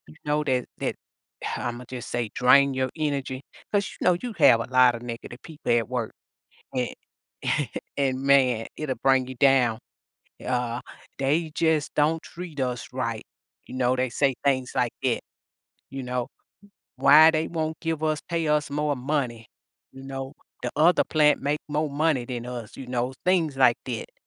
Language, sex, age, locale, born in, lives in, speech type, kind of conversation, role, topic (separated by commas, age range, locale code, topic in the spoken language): English, female, 50-54, en-US, United States, United States, conversation, podcast, guest, What helps you keep your energy and focus when work gets challenging?